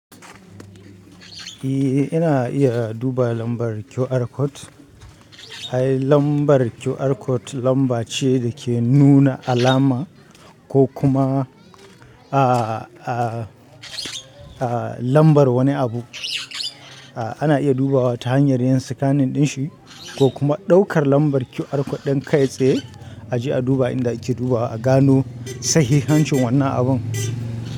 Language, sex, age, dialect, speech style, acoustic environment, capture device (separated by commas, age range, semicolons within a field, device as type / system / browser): Hausa, male, 25-34, Nigeria - Kano, stressed, people talking; nature; traffic, mobile / Linux / Chrome